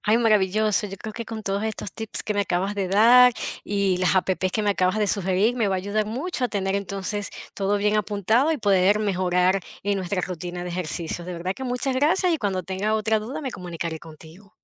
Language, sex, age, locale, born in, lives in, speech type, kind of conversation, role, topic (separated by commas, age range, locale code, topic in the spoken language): Spanish, female, 40-44, es-VE, Venezuela, United States, read, expert-assistant, asker, ¿Cuáles son los principales beneficios y desventajas de entrenar fuerza en casa versus en un gimnasio?